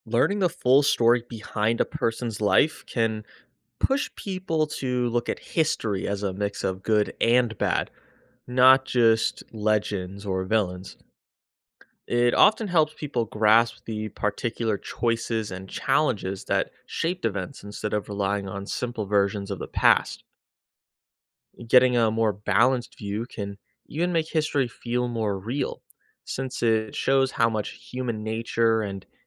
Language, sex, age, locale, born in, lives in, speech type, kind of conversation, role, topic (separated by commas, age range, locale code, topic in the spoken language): English, male, 25-29, en-US, United States, United States, read, expert-assistant, expert, What would happen if everyone could talk to historical figures?